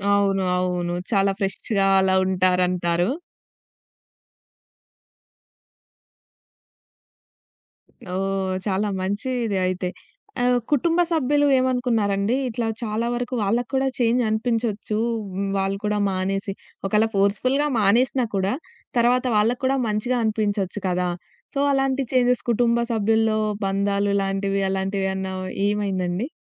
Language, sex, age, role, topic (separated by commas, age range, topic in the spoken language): Telugu, female, 20-24, host, మంచి నిద్ర కోసం మీ డిజిటల్ అలవాట్లను ఎలా మార్చుకుంటారు?